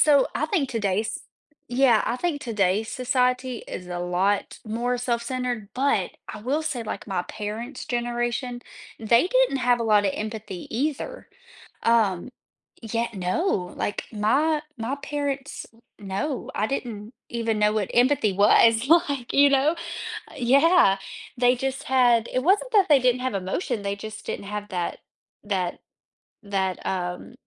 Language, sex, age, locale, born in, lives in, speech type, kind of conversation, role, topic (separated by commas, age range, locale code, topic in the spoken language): English, female, 25-29, en-US, United States, United States, conversation, podcast, guest, How does practicing empathy shape our relationships and communication with others?